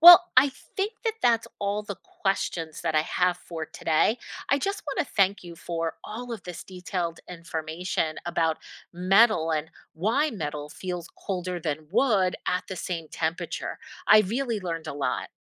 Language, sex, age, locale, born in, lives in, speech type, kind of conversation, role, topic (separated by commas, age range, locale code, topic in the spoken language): English, female, 50-54, en-US, United States, United States, read, expert-assistant, asker, Why does metal feel colder than wood at room temperature?